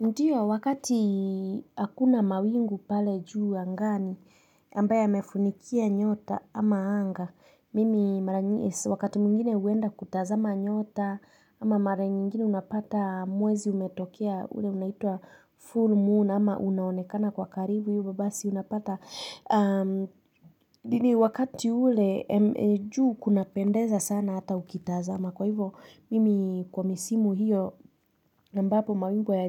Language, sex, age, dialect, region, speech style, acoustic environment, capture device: Swahili, female, 25-34, Kenya - Nairobi Sheng-influenced, Kenya, relaxed, nature, mobile / Linux / Chrome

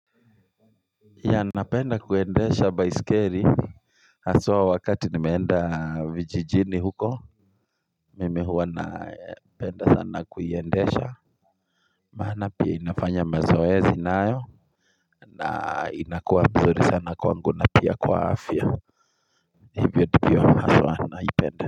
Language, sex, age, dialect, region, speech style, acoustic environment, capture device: Swahili, male, 35-44, Kenya - Kiambu, Kenya, anxious, nature, mobile / Linux / Chrome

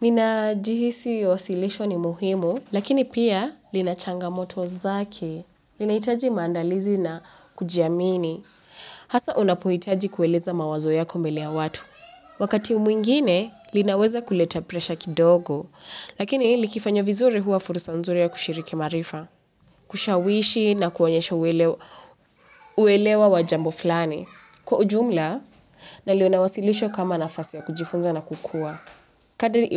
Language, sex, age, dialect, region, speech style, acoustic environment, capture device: Swahili, female, 25-34, Kenya - Nairobi Sheng-influenced, Kenya, relaxed; focused, appliances; nature, mobile / Linux / Chrome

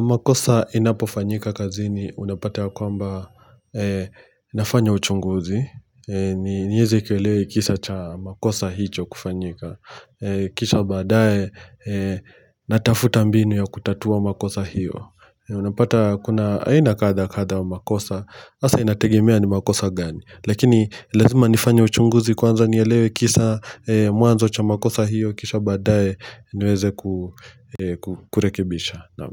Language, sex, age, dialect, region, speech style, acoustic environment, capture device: Swahili, male, 25-34, Kenya - Mombasa, Kenya, relaxed, silence, mobile / Linux / Chrome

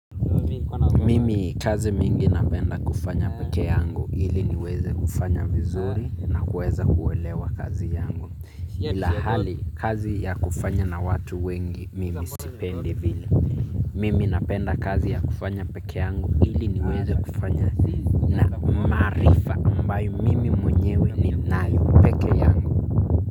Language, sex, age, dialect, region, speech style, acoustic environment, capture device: Swahili, male, 25-34, Kenya - Nairobi Sheng-influenced, Kenya, relaxed, nature, mobile / Linux / Chrome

